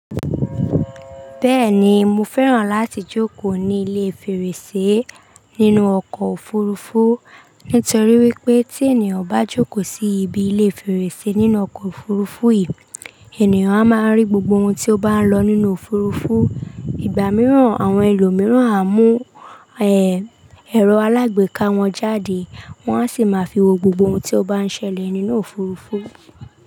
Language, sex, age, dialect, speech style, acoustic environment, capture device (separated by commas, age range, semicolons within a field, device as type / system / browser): Yoruba, female, 25-34, Nigeria - Lagos Yoruba, relaxed, silence, desktop / Linux / Chrome